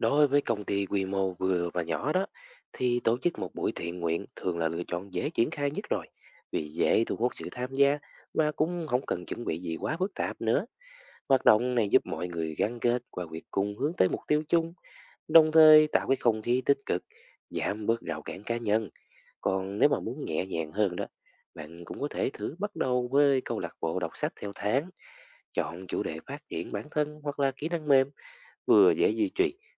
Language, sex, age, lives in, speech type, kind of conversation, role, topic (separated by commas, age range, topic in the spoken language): Vietnamese, male, 20-24, Vietnam, read, expert-assistant, expert, Làm thế nào để giảm chia rẽ chính trị trong môi trường công sở?